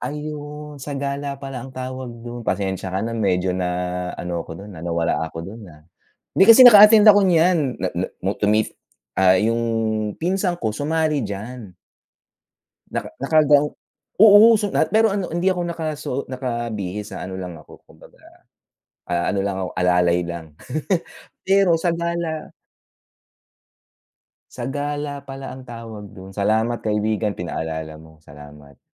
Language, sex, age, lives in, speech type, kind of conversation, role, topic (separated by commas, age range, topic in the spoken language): Filipino, male, 45-49, United States, conversation, unstructured, none, Ano ang mga paborito mong pagkain tuwing piyesta?